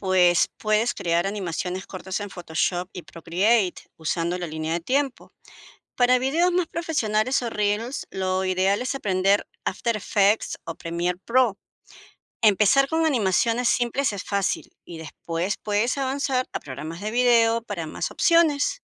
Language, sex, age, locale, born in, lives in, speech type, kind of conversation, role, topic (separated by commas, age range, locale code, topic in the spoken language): Spanish, female, 55-59, es-PE, Peru, United States, read, expert-assistant, expert, ¿Qué herramientas o programas de arte digital son prioritarios para aprender hoy en día?